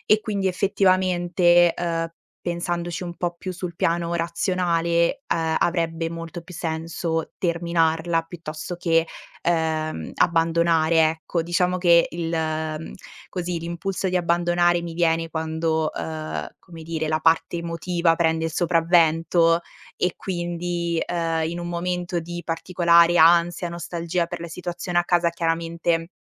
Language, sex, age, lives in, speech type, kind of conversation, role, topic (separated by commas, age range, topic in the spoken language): Italian, female, 20-24, Italy, conversation, advice, user, Che cosa ti ha portato a cambiare vita per assistere a tempo pieno un familiare malato?